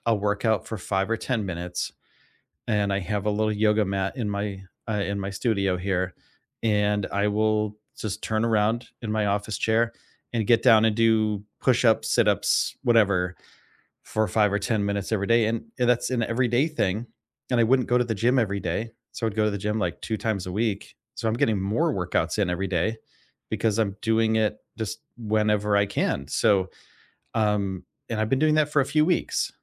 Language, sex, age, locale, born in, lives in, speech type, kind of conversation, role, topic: English, male, 45-49, en-US, United States, United States, conversation, unstructured, none, What recent win—big or small—are you most proud of, and why?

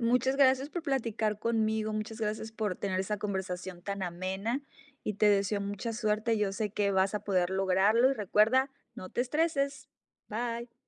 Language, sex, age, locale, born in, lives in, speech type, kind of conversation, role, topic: Spanish, female, 40-44, es-MX, Mexico, United States, conversation, advice, advisor, ¿Cómo puedo equilibrar mis hobbies con mis responsabilidades diarias?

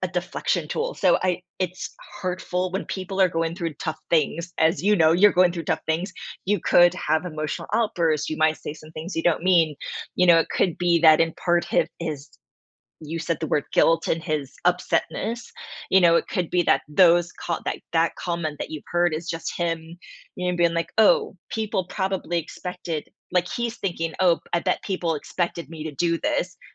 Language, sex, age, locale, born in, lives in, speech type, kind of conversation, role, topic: English, female, 40-44, en-US, United States, United States, conversation, advice, advisor, How do I confront a friend who betrayed my trust and decide what to do next?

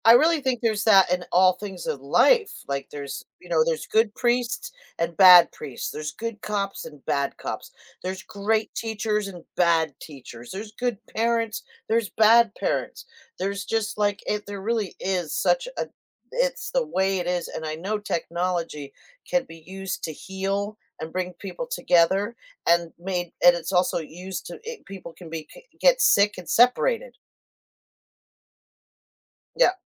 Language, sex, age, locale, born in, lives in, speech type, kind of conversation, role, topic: English, female, 60-64, en-US, United States, United States, conversation, unstructured, none, How do shared interests help build stronger friendships?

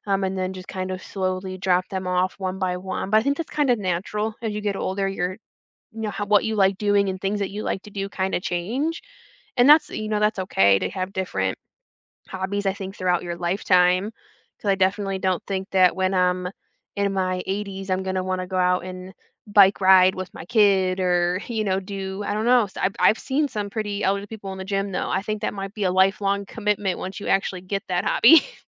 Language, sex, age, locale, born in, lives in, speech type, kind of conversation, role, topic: English, female, 30-34, en-US, United States, United States, conversation, unstructured, none, How can you convince someone to give a hobby a fair chance?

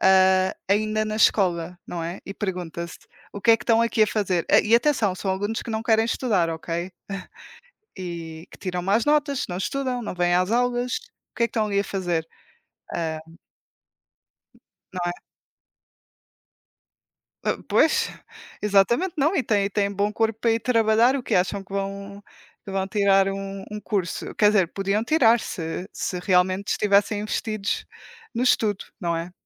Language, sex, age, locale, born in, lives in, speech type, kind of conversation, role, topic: Portuguese, female, 20-24, pt-PT, Portugal, Portugal, conversation, unstructured, none, Como você acha que a escola pode melhorar para os alunos?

